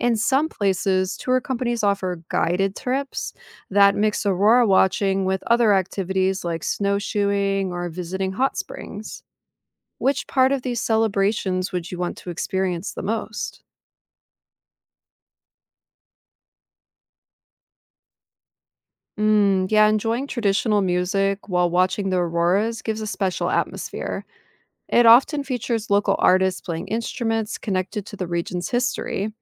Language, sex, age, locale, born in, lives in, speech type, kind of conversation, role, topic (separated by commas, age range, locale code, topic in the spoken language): English, female, 30-34, en-US, United States, United States, read, expert-assistant, expert, What causes the northern lights?